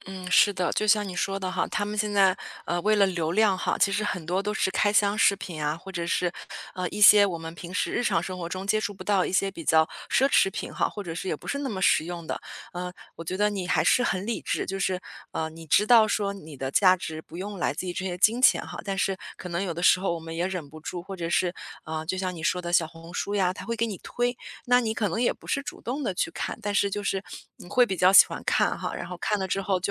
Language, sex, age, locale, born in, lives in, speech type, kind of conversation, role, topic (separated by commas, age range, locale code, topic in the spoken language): Chinese, female, 35-39, zh-CN, China, United States, conversation, advice, advisor, 我怎样才能不再用物质来衡量自己的价值？